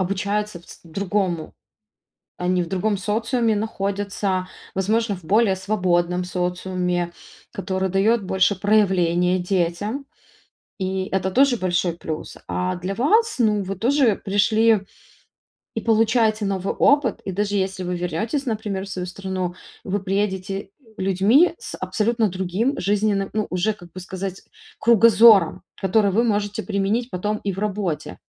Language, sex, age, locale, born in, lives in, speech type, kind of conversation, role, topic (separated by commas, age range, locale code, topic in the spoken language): Russian, female, 35-39, ru-RU, Ukraine, Italy, conversation, advice, advisor, Как смириться с разрушенными планами и снова начать жить?